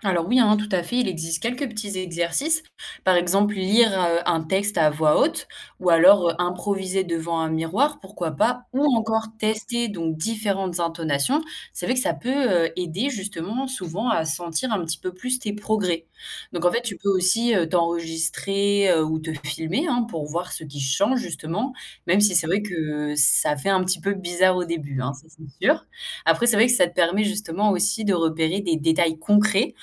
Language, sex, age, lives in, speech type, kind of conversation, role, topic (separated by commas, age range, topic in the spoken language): French, female, 18-19, France, read, expert-assistant, expert, Comment mesurer ses progrès de façon motivante quand on débute dans une activité artistique comme le théâtre ou la danse ?